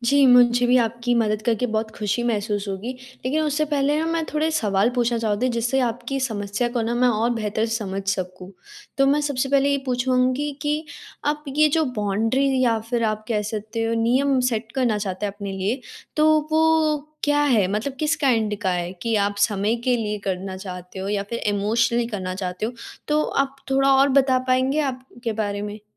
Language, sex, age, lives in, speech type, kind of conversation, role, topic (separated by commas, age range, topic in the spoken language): Hindi, female, 18-19, India, conversation, advice, advisor, मैं सीमाएँ तय करने में असहज महसूस करता/करती हूँ—मुझे क्या करना चाहिए?